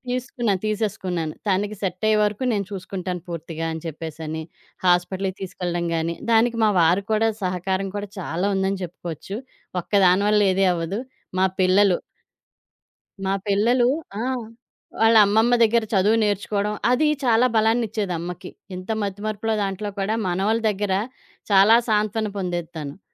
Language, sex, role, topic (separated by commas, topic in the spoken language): Telugu, female, guest, స్వీయ సంరక్షణ మీ బలాన్ని ఎలా పెంచుతుంది?